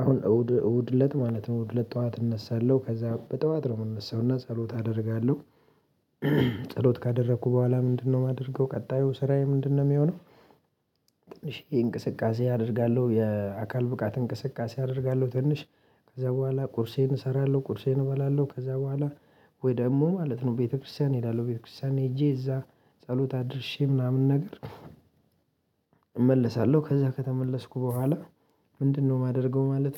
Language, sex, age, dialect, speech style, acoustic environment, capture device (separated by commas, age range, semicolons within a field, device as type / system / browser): Amharic, male, 25-34, Ethiopia - Addis Ababa, happy, silence, mobile / Linux / Chrome